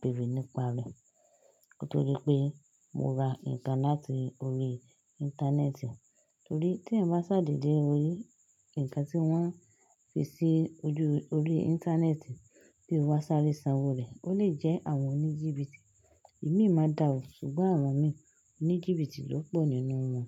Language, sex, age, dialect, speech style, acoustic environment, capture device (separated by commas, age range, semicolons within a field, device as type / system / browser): Yoruba, female, 25-34, Nigeria - Lagos Yoruba, happy, silence, desktop / Linux / Chrome